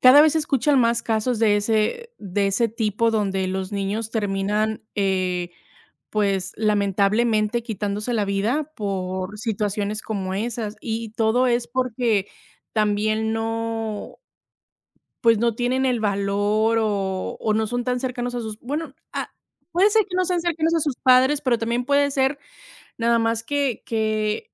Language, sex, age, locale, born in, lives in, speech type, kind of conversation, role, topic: Spanish, female, 30-34, es-MX, Mexico, United States, conversation, unstructured, none, ¿Por qué hay tantos problemas de acoso escolar en las escuelas?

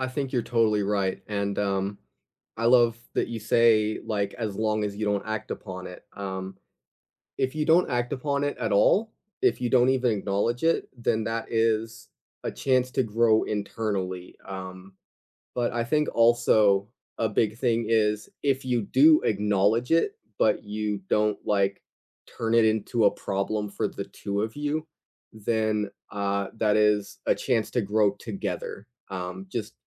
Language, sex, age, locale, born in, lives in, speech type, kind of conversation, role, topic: English, other, 20-24, en-US, United States, United States, conversation, unstructured, none, Is jealousy ever a good thing in love?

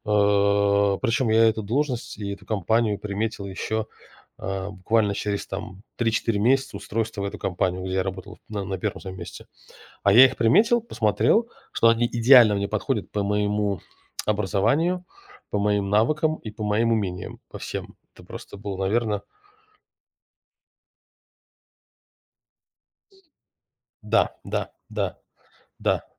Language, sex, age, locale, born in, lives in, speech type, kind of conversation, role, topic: Russian, male, 35-39, ru-RU, Russia, United States, conversation, podcast, guest, Расскажи о встрече, которая изменила тебя?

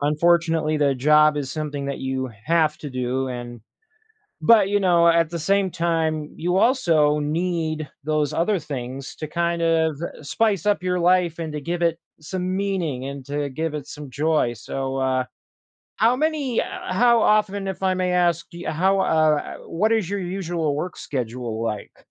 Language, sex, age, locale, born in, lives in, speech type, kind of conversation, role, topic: English, male, 30-34, en-US, United States, United States, conversation, advice, advisor, How can I balance my work and personal life better?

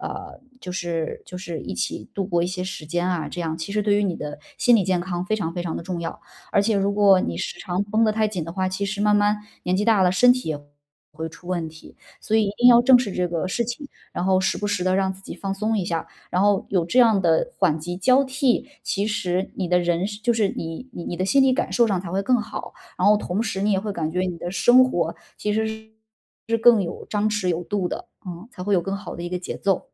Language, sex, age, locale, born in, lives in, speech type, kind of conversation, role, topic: Chinese, female, 35-39, zh-CN, China, Germany, conversation, advice, advisor, 我担心休息一段时间会落后或被替代，该如何缓解对职业前途的焦虑？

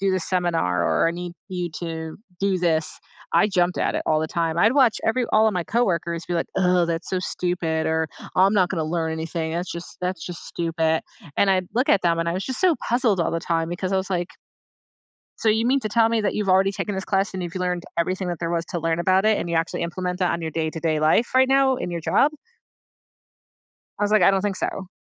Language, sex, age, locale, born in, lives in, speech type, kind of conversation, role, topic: English, female, 35-39, en-US, United States, United States, conversation, podcast, guest, What helps you keep going when learning gets tough?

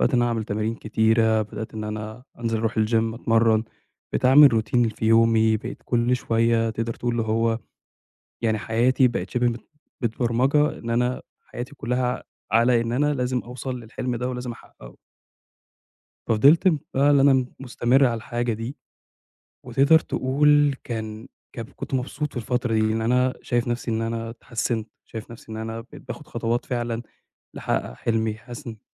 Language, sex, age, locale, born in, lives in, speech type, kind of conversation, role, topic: Arabic, male, 25-29, ar-EG, Egypt, Egypt, conversation, advice, user, التعلم من الانتكاسات والمضي قدماً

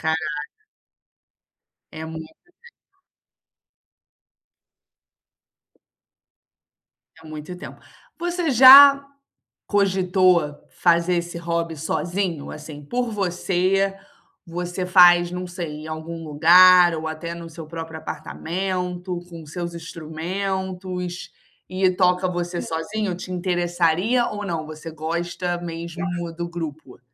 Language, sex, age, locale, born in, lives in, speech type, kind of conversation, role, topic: Portuguese, female, 25-29, pt-BR, Brazil, Spain, conversation, advice, advisor, Como posso começar um novo hobby quando estou sem motivação?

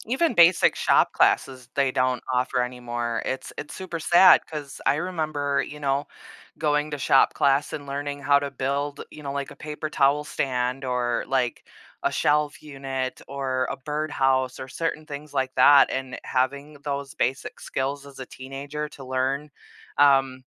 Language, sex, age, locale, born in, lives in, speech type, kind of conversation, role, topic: English, female, 30-34, en-US, United States, United States, conversation, podcast, host, How do you approach goals that both excite and intimidate you?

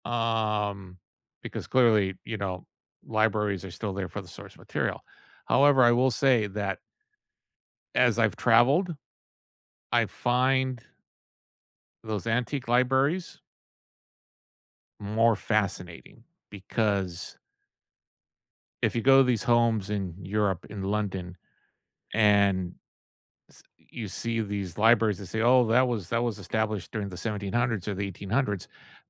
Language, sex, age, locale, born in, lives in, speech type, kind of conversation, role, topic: English, male, 55-59, en-US, United States, United States, conversation, unstructured, none, How have libraries shaped your learning journey, past and present?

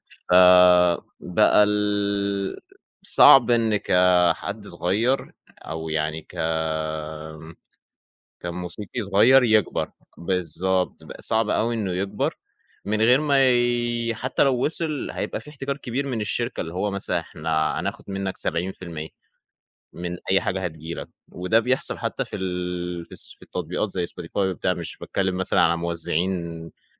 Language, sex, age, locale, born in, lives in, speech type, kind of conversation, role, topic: Arabic, male, 20-24, ar-EG, Egypt, Egypt, conversation, podcast, guest, إزاي التكنولوجيا غيّرت طريقتك في سماع الموسيقى؟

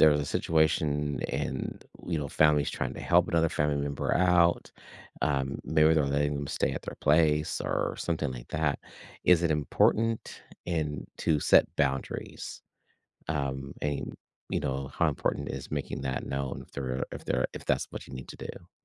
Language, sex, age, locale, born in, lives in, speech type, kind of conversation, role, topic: English, male, 55-59, en-US, United States, United States, conversation, podcast, host, What are some meaningful ways families can help each other get through difficult times?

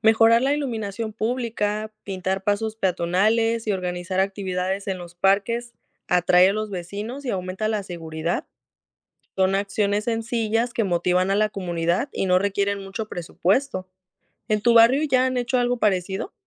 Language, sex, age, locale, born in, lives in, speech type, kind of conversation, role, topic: Spanish, female, 20-24, es-MX, Mexico, Mexico, read, expert-assistant, expert, ¿Qué aspectos de la planificación urbana son más urgentes de abordar en una ciudad latinoamericana: movilidad, espacios verdes, vivienda o seguridad?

